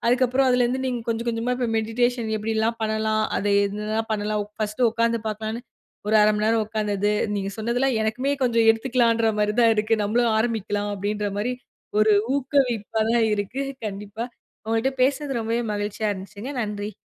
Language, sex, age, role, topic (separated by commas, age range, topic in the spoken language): Tamil, female, 25-29, host, நல்ல பழக்கங்களை நீண்ட காலம் தொடர்ந்து கைவிடாமல் பேணுவது எப்படி?